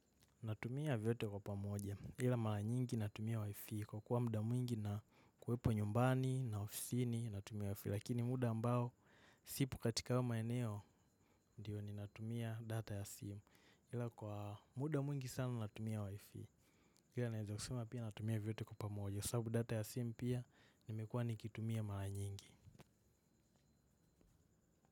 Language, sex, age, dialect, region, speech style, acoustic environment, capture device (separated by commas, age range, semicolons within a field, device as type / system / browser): Swahili, male, 18-24, Kenya - Nairobi Sheng-influenced, Kenya, relaxed, nature, mobile / macOS / Safari